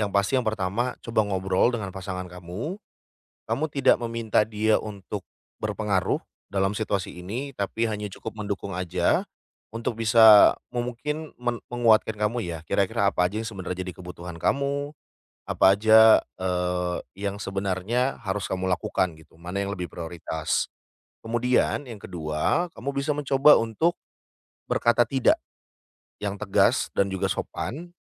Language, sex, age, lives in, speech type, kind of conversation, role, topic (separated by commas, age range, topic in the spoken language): Indonesian, male, 30-34, Indonesia, conversation, advice, advisor, Bagaimana cara menetapkan batas antara harapan orang lain dan kebutuhan pribadi saya?